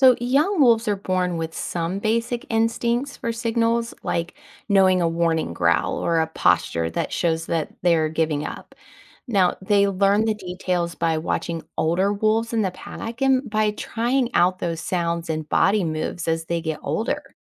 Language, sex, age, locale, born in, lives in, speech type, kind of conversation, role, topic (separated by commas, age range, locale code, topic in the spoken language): English, female, 40-44, en-US, United States, United States, read, expert-assistant, expert, How do wolves communicate within a pack?